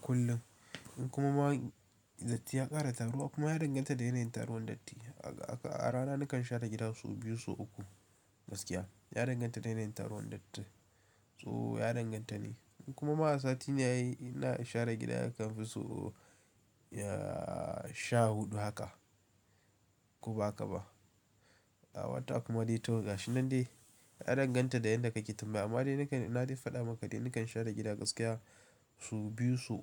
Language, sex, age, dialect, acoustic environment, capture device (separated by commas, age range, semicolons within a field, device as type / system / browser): Hausa, male, 18-24, Nigeria - Kano, appliances; nature, mobile / Linux / Chrome